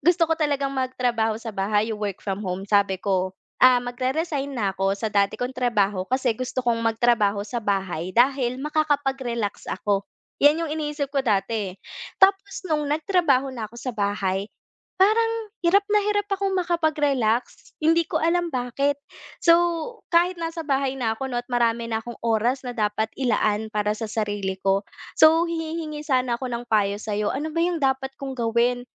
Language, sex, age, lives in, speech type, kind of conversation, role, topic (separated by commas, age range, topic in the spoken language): Filipino, female, 25-29, Philippines, conversation, advice, user, Bakit hindi ako makapagpahinga kahit nasa bahay lang?